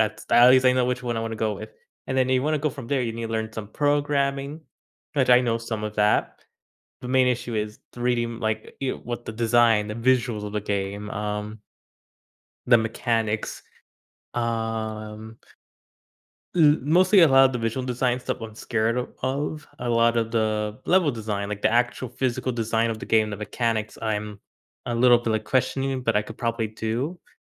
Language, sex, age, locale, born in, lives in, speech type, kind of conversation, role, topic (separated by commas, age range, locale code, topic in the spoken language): English, male, 20-24, en-US, United States, United States, conversation, advice, user, How can I step out of my comfort zone and start making meaningful changes?